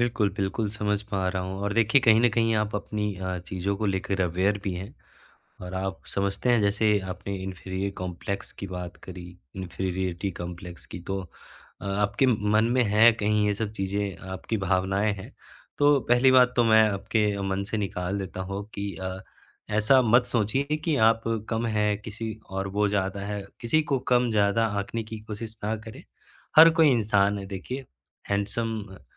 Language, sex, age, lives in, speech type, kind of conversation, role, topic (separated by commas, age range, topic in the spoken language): Hindi, male, 20-24, India, conversation, advice, advisor, मैं पूर्व साथी की मौजूदगी या उसकी तुलना होने पर जलन क्यों महसूस करता/करती हूँ?